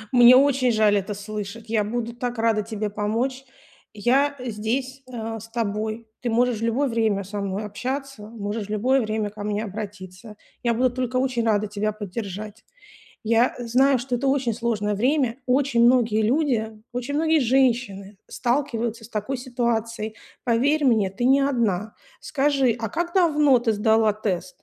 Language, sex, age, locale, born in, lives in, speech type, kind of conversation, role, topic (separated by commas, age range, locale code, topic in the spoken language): Russian, female, 40-44, ru-RU, Russia, United States, conversation, advice, advisor, Как справиться с ожиданием результатов медицинских анализов?